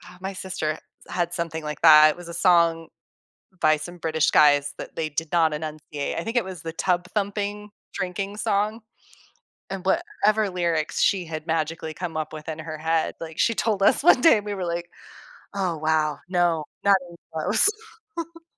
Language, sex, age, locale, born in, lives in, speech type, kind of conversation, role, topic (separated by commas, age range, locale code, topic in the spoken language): English, female, 35-39, en-US, United States, United States, conversation, podcast, host, Why do songs from our childhood have such a strong emotional impact on us?